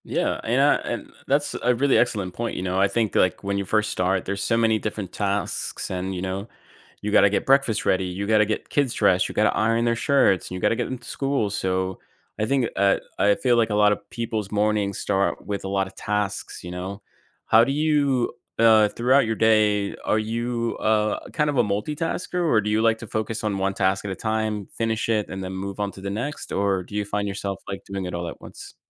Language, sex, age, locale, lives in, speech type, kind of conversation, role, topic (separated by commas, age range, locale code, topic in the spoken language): English, male, 30-34, en-US, United States, conversation, podcast, host, How do your morning habits shape the rest of your day?